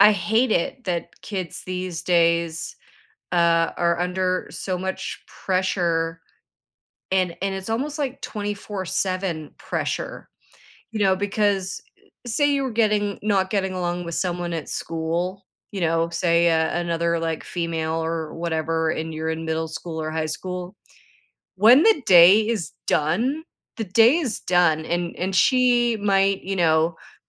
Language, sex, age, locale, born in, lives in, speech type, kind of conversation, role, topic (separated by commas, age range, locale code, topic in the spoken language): English, female, 50-54, en-CA, Canada, United States, conversation, podcast, guest, How do you define and prioritize mental well-being in your daily life?